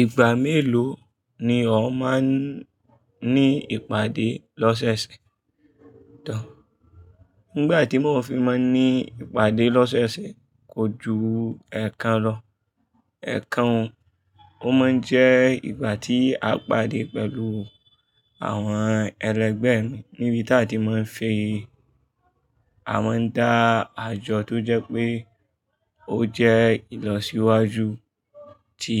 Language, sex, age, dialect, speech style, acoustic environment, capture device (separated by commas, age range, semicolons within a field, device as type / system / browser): Yoruba, male, 25-34, Nigeria - Kano, focused, people talking; traffic; music, mobile / Linux / Chrome